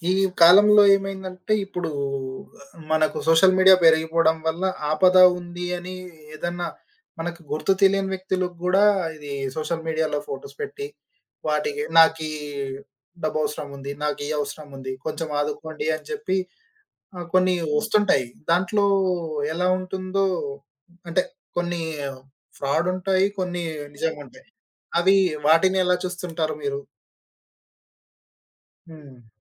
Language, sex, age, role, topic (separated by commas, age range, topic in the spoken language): Telugu, male, 25-29, host, ఆపదలో ఉన్నవారికి సహాయం చేయడానికి సమాజం ఎలా ముందుకు వస్తుంది?